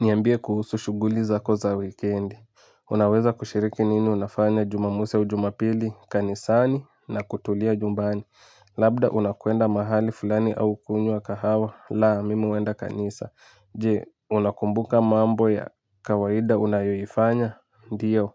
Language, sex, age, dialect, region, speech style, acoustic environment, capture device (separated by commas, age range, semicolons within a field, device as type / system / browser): Swahili, male, 18-24, Kenya - Nairobi Sheng-influenced, Kenya, excited; focused, music; nature; people talking, mobile / Linux / Chrome